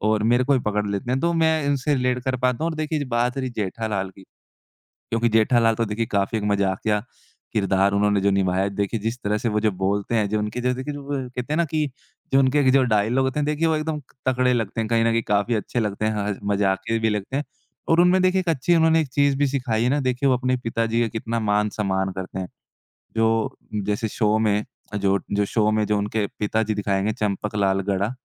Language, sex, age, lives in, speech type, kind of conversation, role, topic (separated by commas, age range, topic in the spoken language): Hindi, male, 20-24, India, conversation, podcast, guest, आपका पसंदीदा दूरदर्शन धारावाहिक कौन-सा है और क्यों?